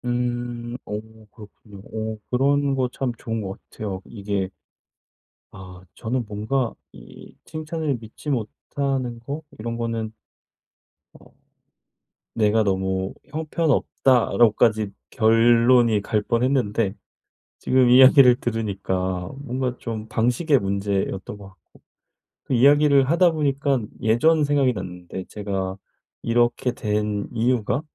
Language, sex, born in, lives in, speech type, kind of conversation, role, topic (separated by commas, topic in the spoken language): Korean, male, South Korea, South Korea, conversation, advice, user, 칭찬을 받을 때 자기평가와 타인 평가의 균형을 어떻게 맞출까요?